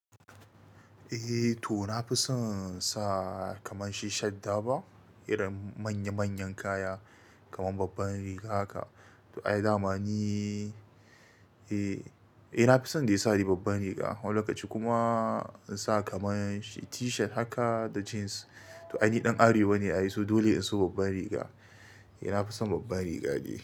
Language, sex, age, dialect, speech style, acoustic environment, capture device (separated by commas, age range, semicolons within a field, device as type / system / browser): Hausa, male, 25-34, Nigeria - Kano, happy, silence, mobile / Linux / Chrome